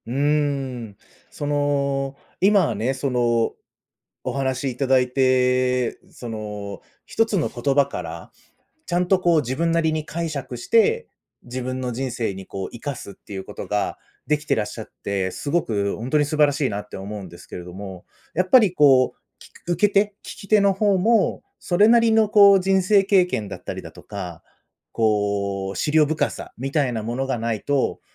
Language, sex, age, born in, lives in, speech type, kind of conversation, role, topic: Japanese, male, 35-39, Japan, Japan, conversation, podcast, host, 忘れられない先生や恩師についてのエピソードはありますか？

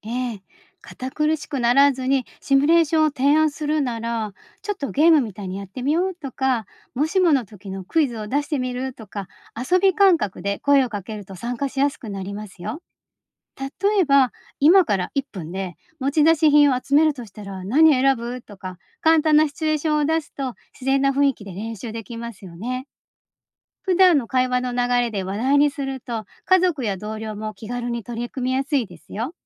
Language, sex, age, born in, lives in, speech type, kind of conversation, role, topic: Japanese, female, 50-54, Japan, Japan, read, expert-assistant, expert, 災害時のために日常でどんなコミュニケーションを意識すればいいですか？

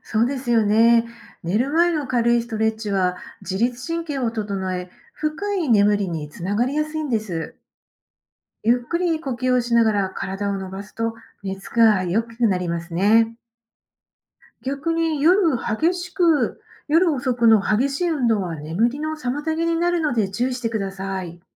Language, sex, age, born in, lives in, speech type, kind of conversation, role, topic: Japanese, female, 50-54, Japan, Japan, read, expert-assistant, expert, 健康を考えるとき、食事で何を一番大切にしたいですか？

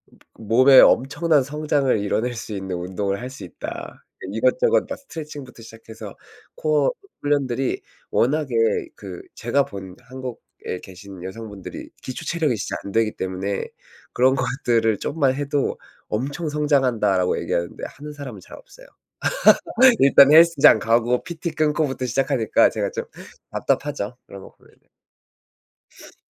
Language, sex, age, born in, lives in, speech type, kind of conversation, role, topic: Korean, male, 30-34, South Korea, South Korea, conversation, unstructured, none, 일과 휴식의 균형을 어떻게 맞추시나요?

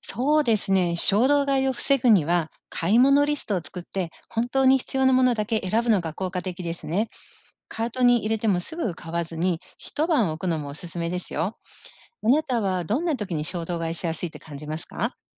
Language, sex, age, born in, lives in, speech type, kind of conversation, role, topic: Japanese, female, 55-59, Japan, United States, read, expert-assistant, expert, つい衝動買いしてしまう時、どんな工夫で予算管理を続けられる？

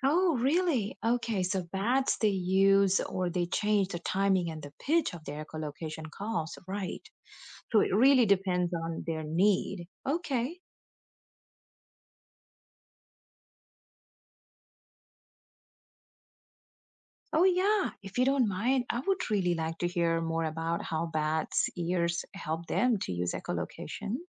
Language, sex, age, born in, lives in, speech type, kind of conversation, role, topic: English, female, 35-39, India, United States, read, expert-assistant, asker, How do bats use echolocation to hunt and navigate at night?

